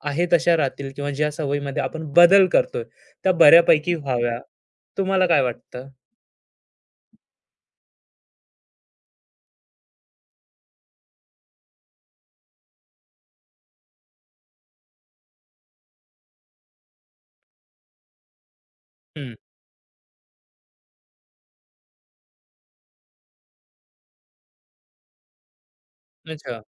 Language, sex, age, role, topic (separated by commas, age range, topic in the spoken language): Marathi, male, 25-29, host, दैनंदिन सवयी बदलताना तुम्हाला नेमकी कोणती गोष्ट सर्वात जास्त मदत करते?